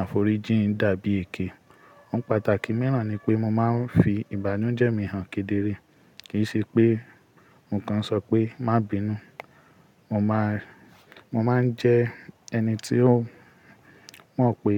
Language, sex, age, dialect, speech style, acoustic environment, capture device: Yoruba, male, 25-34, Nigeria - Ibadan, relaxed, nature; silence, mobile / Linux / Chrome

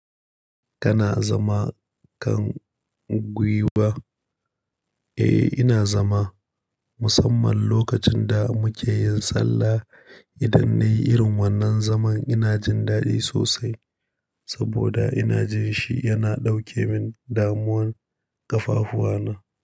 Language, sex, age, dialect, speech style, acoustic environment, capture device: Hausa, male, 25-34, Nigeria - Nigerian Standard, happy, nature; silence, mobile / Linux / Chrome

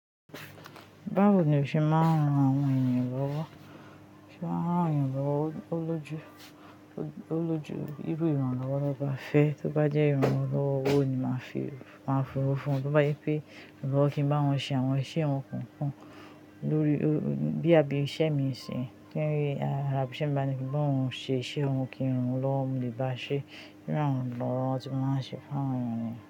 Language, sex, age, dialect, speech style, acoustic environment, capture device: Yoruba, female, 25-34, Nigeria - Ijebu, happy, people talking, mobile / Linux / Chrome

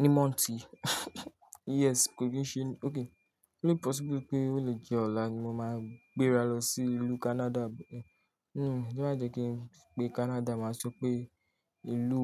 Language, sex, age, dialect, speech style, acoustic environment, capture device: Yoruba, male, 18-24, Nigeria - Lagos Yoruba, relaxed, silence; nature; appliances, mobile / Linux / Chrome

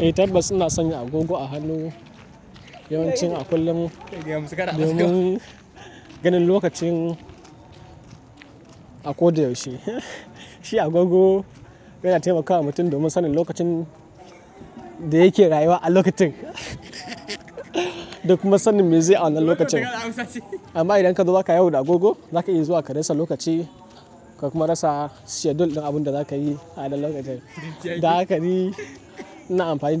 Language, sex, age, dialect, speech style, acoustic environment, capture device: Hausa, male, 18-24, Nigeria - Kano, nervous, nature, mobile / Linux / Chrome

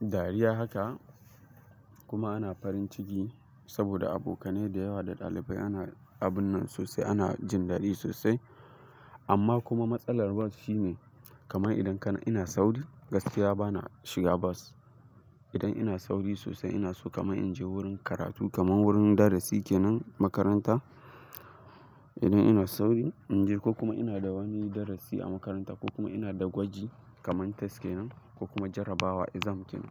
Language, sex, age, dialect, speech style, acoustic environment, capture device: Hausa, male, 18-24, Nigeria - Kano, excited; focused, nature, mobile / Linux / Chrome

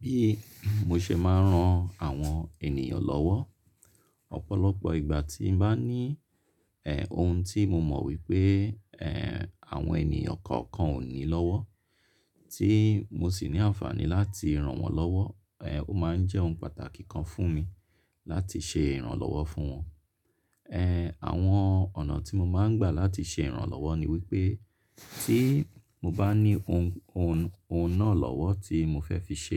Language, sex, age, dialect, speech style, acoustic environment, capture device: Yoruba, male, 35-44, Nigeria - Lagos Yoruba, relaxed; focused, nature, mobile / Linux / Chrome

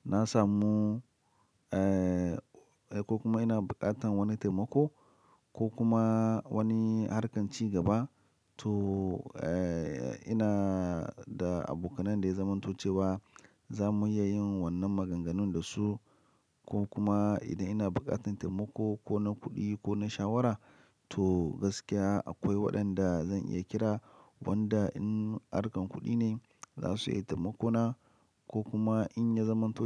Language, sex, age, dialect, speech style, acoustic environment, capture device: Hausa, male, 25-34, Nigeria - Lagos Yoruba, happy; excited, silence; nature, mobile / Linux / Chrome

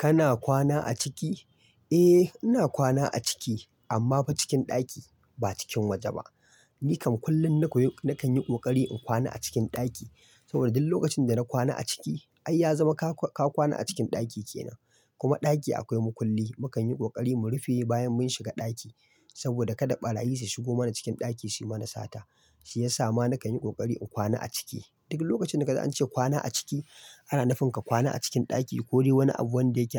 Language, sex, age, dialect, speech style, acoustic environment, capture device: Hausa, male, 25-34, Nigeria - Kano, excited; focused; happy; relaxed, silence, mobile / Linux / Chrome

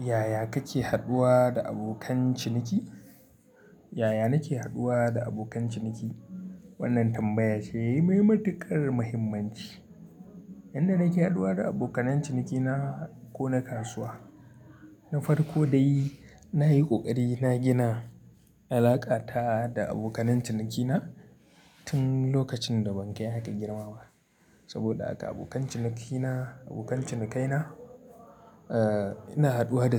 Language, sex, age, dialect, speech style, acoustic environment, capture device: Hausa, male, 25-34, Nigeria - Kano, happy; relaxed, appliances; people talking; nature, mobile / Linux / Chrome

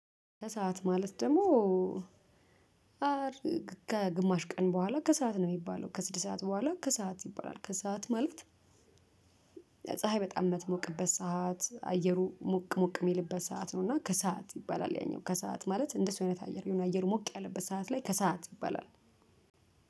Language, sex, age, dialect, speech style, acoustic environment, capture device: Amharic, female, 35-44, Ethiopia - Addis Ababa, nervous, traffic, mobile / Linux / Chrome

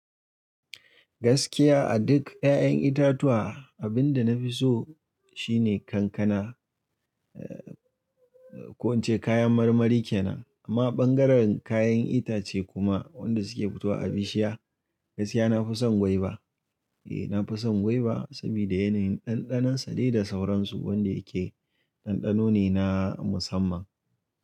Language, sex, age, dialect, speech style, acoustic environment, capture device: Hausa, male, 25-34, Nigeria - Kano, relaxed, silence, mobile / Linux / Chrome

